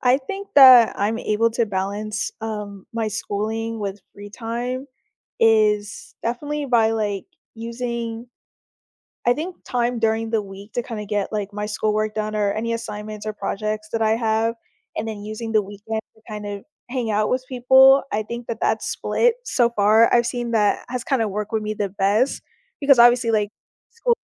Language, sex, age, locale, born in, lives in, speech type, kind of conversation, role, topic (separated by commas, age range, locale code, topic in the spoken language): English, female, 20-24, en-US, United States, United States, conversation, podcast, guest, What helps you maintain a healthy balance between your responsibilities and personal time?